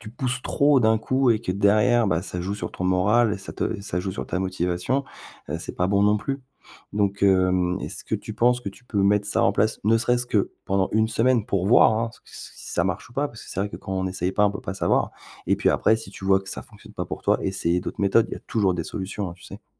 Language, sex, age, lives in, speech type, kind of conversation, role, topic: French, male, 40-44, France, conversation, advice, advisor, Pourquoi ai-je perdu ma motivation après un bon départ ?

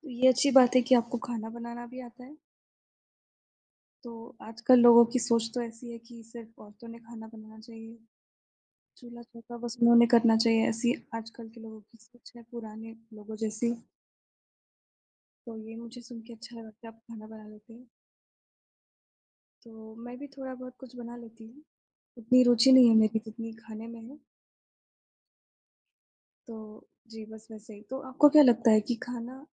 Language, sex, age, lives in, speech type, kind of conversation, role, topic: Hindi, female, 20-24, India, conversation, unstructured, none, क्या आपको कभी ऐसा खाना मिला है जिसने आपकी सोच बदल दी हो?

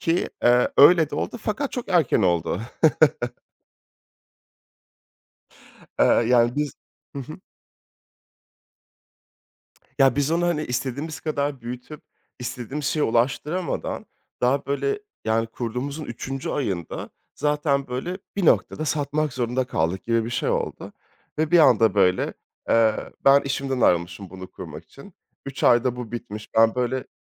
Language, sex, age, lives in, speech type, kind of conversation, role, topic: Turkish, male, 30-34, France, conversation, podcast, guest, Bir başarısızlık hikâyeni benimle paylaşır mısın?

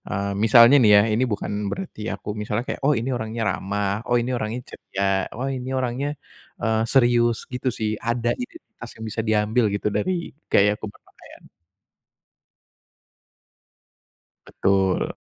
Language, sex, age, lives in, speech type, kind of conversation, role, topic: Indonesian, male, 25-29, Indonesia, conversation, advice, user, Bagaimana cara menemukan gaya berpakaian yang benar-benar mencerminkan diri saya?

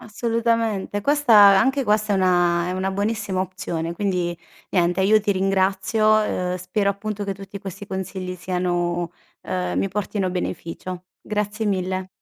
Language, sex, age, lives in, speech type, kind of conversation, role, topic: Italian, female, 30-34, Italy, conversation, advice, user, In che modo l’eccessiva esposizione agli schermi rovina il tuo riposo serale?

